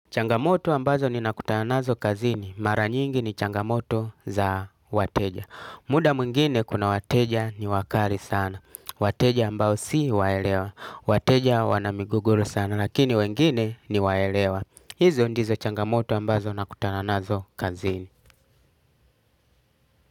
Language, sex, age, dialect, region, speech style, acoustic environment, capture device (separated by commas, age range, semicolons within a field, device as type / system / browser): Swahili, male, 35-44, Tanzania - Dar es Salaam, Tanzania, happy, nature, desktop / Linux / Chrome